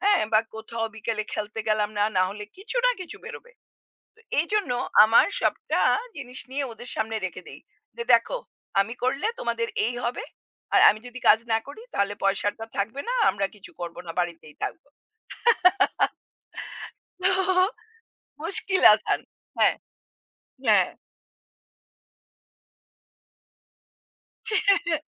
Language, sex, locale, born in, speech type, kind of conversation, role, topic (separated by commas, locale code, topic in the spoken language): Bengali, female, bn-IN, India, conversation, unstructured, none, আপনি কি মনে করেন কাজ ও ব্যক্তিগত জীবনের মধ্যে ভারসাম্য বজায় রাখা জরুরি?